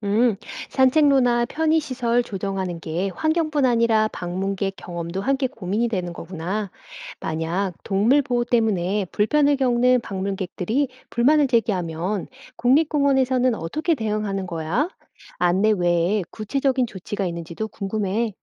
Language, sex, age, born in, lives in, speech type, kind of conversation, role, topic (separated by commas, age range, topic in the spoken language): Korean, female, 45-49, South Korea, United States, read, expert-assistant, asker, 야생동물 보호를 위해 인간의 편의와 자연의 권리 중 무엇이 더 우선되어야 할까요?